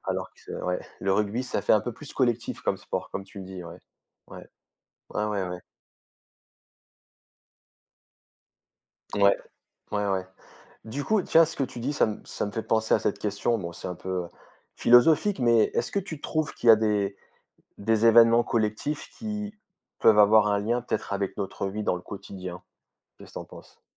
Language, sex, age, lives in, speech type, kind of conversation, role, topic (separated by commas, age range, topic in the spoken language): French, male, 35-39, France, conversation, unstructured, none, Qu’est-ce qui te rend heureux lorsque tu participes à un événement collectif ?